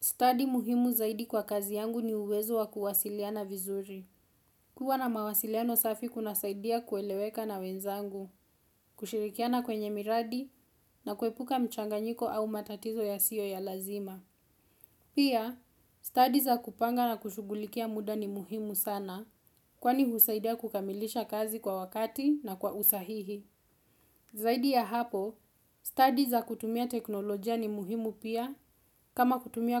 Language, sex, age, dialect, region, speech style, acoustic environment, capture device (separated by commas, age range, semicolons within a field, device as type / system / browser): Swahili, female, 18-24, Kenya - Nairobi Sheng-influenced, Kenya, happy; relaxed; focused; excited, silence; nature, desktop / Windows / Chrome